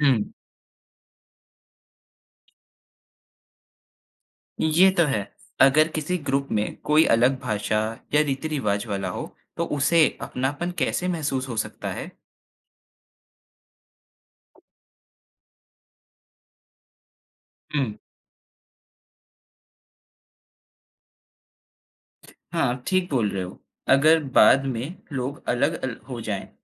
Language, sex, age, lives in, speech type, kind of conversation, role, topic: Hindi, male, 20-24, India, read, expert-assistant, asker, लोक संगीत या नृत्य सीखना हमारे रिश्तों और दोस्ती में क्या बदलाव ला सकता है?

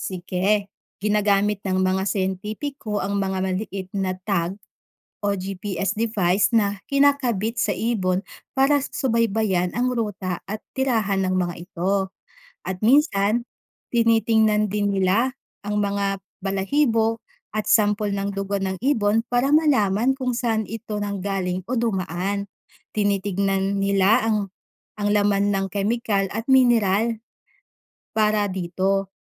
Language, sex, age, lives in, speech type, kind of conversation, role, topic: Filipino, female, 30-34, Philippines, read, expert-assistant, expert, Bakit may mga hayop na naglalakbay ng malalayong distansya taon-taon?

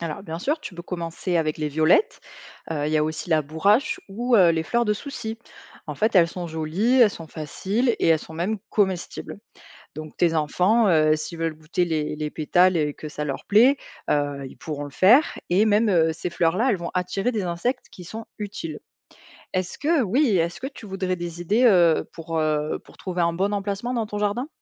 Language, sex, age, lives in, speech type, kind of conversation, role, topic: French, female, 25-29, France, read, expert-assistant, expert, Comment apprendre concrètement à cultiver de façon plus respectueuse de l’environnement ?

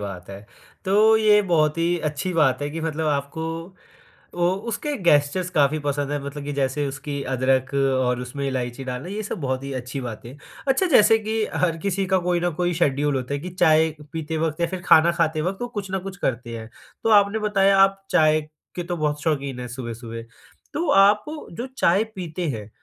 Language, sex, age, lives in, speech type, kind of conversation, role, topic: Hindi, male, 20-24, India, conversation, podcast, host, Subah ki chai aapko kaunsi chhoti khushi deti hai?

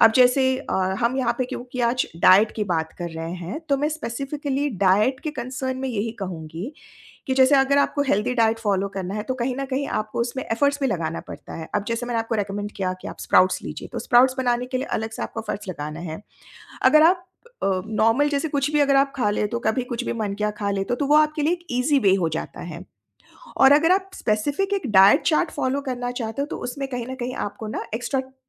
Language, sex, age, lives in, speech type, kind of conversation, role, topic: Hindi, female, 45-49, India, conversation, podcast, guest, Aapka subah ka khaane ka niyam kaisa hota hai?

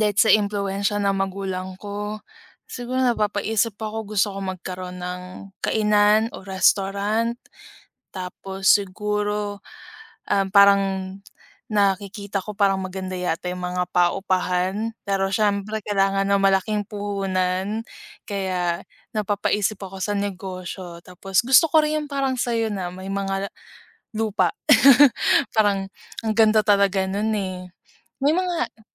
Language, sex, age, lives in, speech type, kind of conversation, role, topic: Filipino, female, 25-29, Philippines, conversation, unstructured, none, Ano ang gusto mong makamit sa susunod na limang taon?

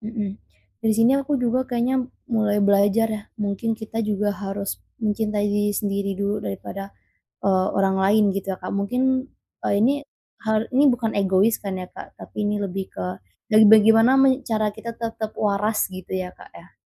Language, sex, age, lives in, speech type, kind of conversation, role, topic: Indonesian, female, 25-29, Indonesia, conversation, advice, user, Bagaimana cara mengurangi beban memberi tanpa selalu mengharapkan balasan?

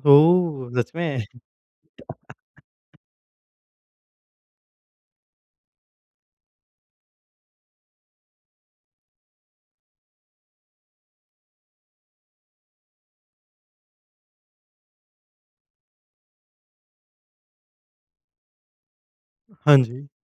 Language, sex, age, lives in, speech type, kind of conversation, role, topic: Hindi, male, 20-24, India, conversation, podcast, host, Camping ke liye simple aur tasty khane ke kaunse ideas aap suggest karenge?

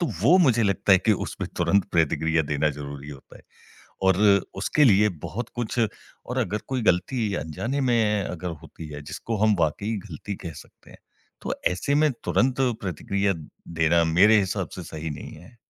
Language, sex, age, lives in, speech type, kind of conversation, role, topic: Hindi, male, 40-44, India, conversation, podcast, guest, आप किसी की गलती पर कैसे प्रतिक्रिया देते हैं?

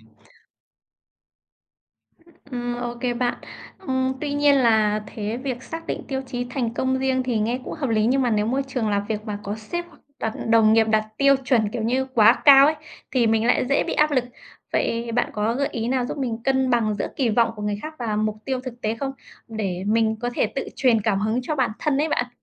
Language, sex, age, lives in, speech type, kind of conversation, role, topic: Vietnamese, female, 30-34, Vietnam, read, expert-assistant, asker, Làm thế nào để xác định mục tiêu vừa thực tế vừa truyền cảm hứng cho bản thân?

